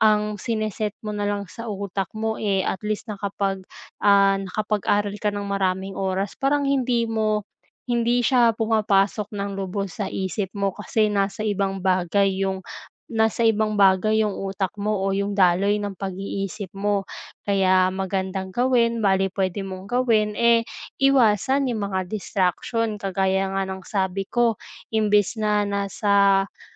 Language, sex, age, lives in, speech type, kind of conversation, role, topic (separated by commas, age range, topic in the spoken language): Filipino, female, 20-24, Philippines, conversation, advice, advisor, Paano ako mananatiling nakatuon sa gawain sa takdang oras?